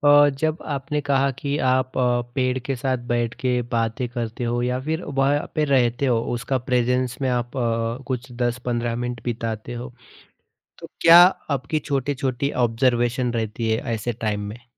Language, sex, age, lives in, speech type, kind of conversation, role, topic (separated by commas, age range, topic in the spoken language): Hindi, male, 30-34, India, conversation, podcast, host, Nature ne tumhe resilience kaise sikhayi?